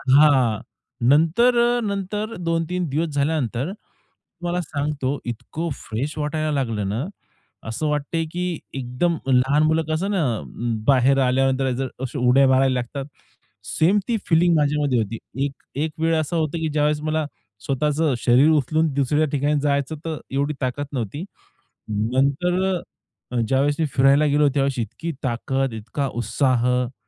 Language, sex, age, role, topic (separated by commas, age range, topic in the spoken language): Marathi, male, 30-34, guest, सकाळी बाहेर थोडा वेळ घालवण्याचे तुम्हाला कोणते फायदे वाटतात?